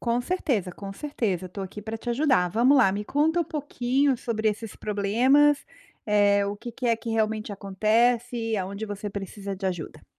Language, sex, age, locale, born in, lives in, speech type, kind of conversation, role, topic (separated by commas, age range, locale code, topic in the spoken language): Portuguese, female, 45-49, pt-BR, Brazil, United States, conversation, advice, advisor, Como lidar com críticas e julgamentos dentro da família?